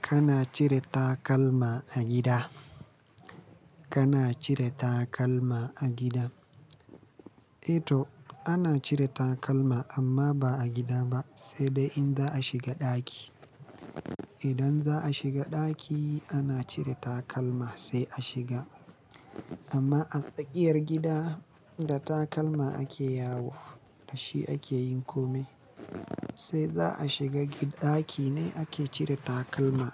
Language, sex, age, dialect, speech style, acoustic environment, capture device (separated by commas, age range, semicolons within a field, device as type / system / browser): Hausa, male, 25-34, Nigeria - Kano, happy, silence, mobile / Linux / Chrome